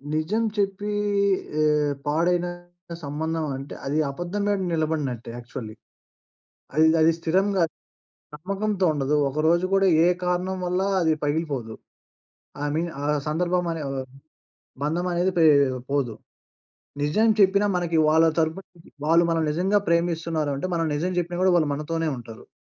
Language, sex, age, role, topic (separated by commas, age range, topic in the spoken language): Telugu, male, 20-24, guest, నిజం చెప్పడం వల్ల సంబంధాలు దెబ్బతింటే, మీరు దాన్ని ఎలా చూస్తారు?